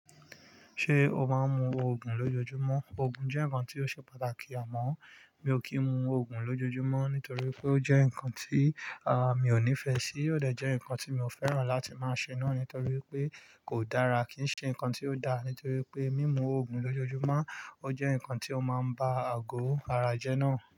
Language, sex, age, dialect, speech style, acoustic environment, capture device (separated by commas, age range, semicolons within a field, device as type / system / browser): Yoruba, male, 25-34, Nigeria - Lagos Yoruba, focused, nature, mobile / Linux / Chrome